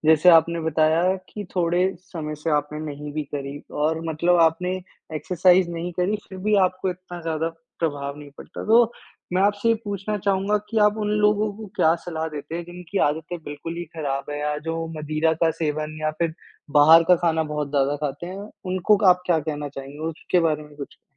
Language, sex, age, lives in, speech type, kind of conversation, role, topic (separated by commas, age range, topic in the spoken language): Hindi, male, 55-59, India, conversation, podcast, host, मौसम बदलने से तुम्हारी मनोदशा पर क्या असर होता है?